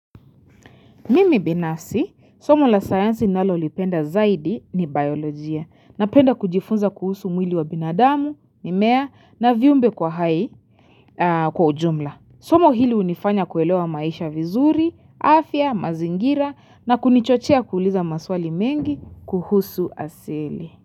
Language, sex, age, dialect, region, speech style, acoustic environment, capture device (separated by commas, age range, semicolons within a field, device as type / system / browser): Swahili, female, 25-34, Kenya - Nairobi Sheng-influenced, Kenya, relaxed, silence, mobile / Linux / Chrome